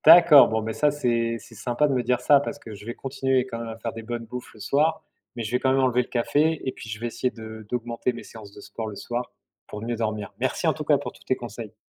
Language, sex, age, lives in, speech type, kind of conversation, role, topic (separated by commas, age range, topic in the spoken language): French, male, 45-49, France, read, expert-assistant, asker, Comment choisir quelles habitudes adopter quand on entend des messages différents sur la santé publique ?